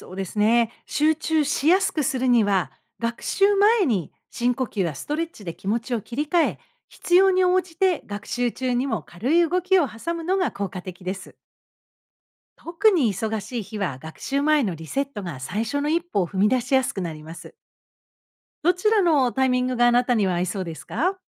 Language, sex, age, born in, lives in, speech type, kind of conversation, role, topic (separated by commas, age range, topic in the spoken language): Japanese, female, 55-59, Japan, United States, read, expert-assistant, expert, オンライン学習でやる気が下がりやすいとき、どうすれば効果的に学習を続けられますか？